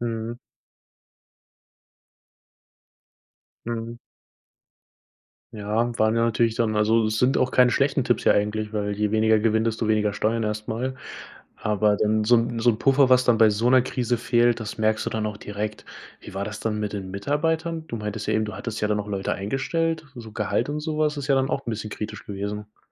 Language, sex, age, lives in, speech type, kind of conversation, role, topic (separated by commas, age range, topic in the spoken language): German, male, 20-24, Germany, conversation, podcast, host, Wann hast du dich zuletzt neu erfunden, und wie ist das abgelaufen?